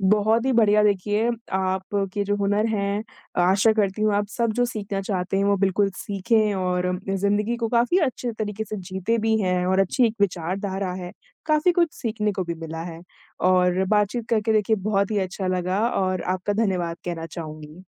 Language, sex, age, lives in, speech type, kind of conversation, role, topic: Hindi, female, 20-24, India, conversation, podcast, host, नया हुनर सीखने का आपका तरीका क्या है?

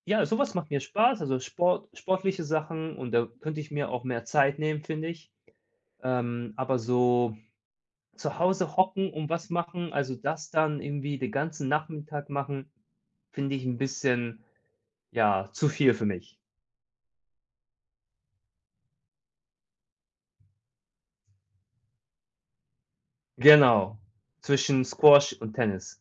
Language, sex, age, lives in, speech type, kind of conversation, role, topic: German, male, 30-34, Germany, conversation, advice, user, Wie finde ich Zeit, um ein neues Hobby zu beginnen?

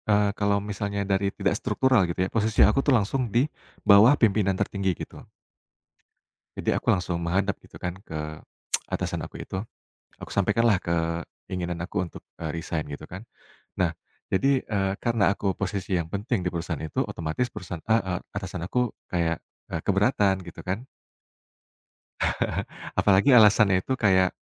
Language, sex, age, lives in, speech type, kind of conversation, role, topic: Indonesian, male, 35-39, Indonesia, conversation, podcast, guest, Menurutmu, mengapa keluargamu memutuskan untuk hijrah pada waktu itu?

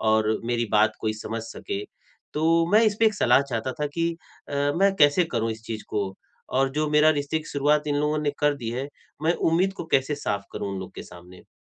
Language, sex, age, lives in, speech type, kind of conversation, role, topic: Hindi, male, 45-49, India, conversation, advice, user, रिश्ते की शुरुआत में अपनी अपेक्षाएँ और संवाद कैसे स्पष्ट करूँ?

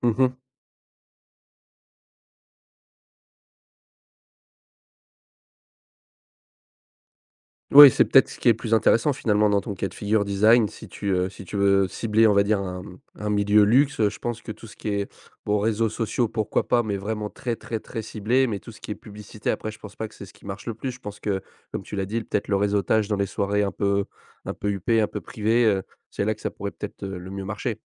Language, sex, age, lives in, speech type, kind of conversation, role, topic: French, male, 20-24, France, conversation, podcast, host, Peux-tu me parler d’un échec qui t’a appris quelque chose ?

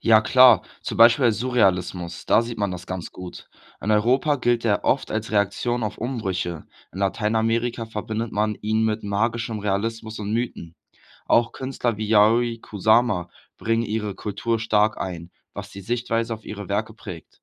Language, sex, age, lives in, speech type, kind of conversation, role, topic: German, male, 18-19, Germany, read, expert-assistant, expert, Warum finden manche Menschen moderne Kunst faszinierend, während andere sie ablehnen? Welche Rolle spielen dabei Kultur und Erziehung?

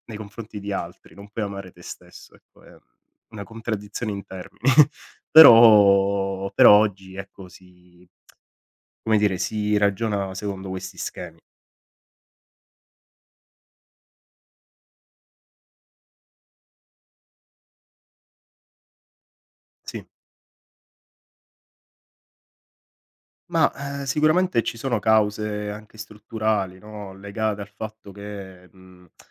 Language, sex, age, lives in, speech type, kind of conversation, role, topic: Italian, male, 30-34, Italy, conversation, podcast, guest, Perché ci si sente soli anche quando si è in mezzo alla gente?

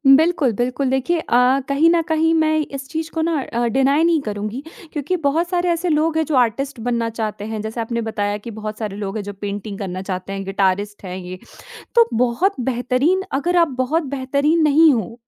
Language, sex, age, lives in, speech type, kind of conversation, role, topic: Hindi, female, 20-24, India, conversation, podcast, guest, क्या आपने कभी अपने जुनून और आमदनी के बीच समझौता किया है?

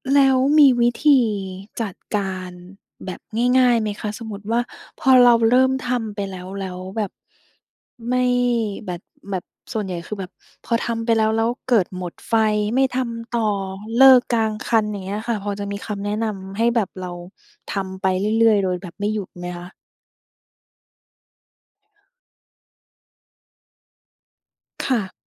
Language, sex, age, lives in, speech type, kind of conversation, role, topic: Thai, female, 30-34, Thailand, conversation, podcast, host, ทำยังไงถึงจะทำงานอดิเรกให้เป็นกิจวัตรได้?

